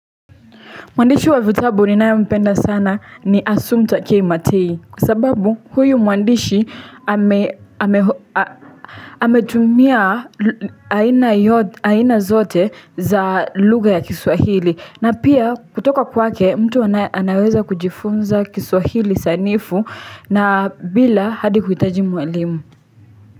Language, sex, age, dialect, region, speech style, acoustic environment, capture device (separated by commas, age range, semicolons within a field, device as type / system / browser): Swahili, female, 18-24, Kenya - Nairobi Sheng-influenced, Kenya, happy, silence, desktop / Linux / Chrome